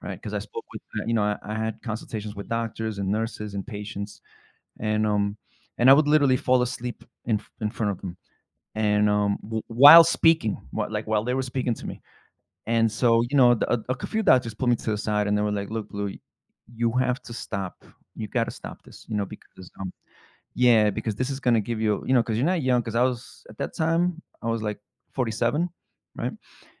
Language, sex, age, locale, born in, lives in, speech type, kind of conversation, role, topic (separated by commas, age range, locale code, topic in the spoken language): English, male, 35-39, en-US, United States, United States, conversation, advice, user, How can I get unstuck in my career?